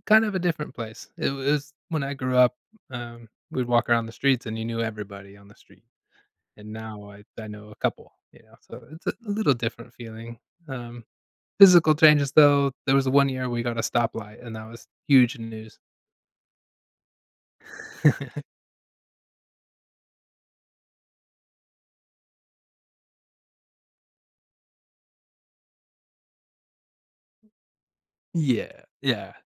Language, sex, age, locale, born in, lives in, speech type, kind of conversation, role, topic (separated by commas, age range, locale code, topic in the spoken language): English, male, 35-39, en-US, United States, United States, conversation, advice, user, How can I plan my dream vacation within my budget?